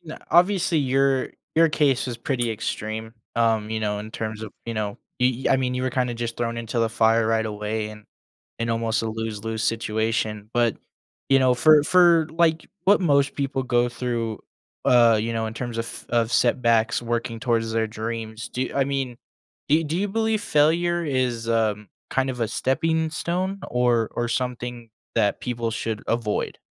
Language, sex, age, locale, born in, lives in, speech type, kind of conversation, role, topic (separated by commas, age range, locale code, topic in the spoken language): English, male, 20-24, en-US, United States, United States, conversation, podcast, host, What helps you stay motivated and resilient when facing obstacles in pursuit of your goals?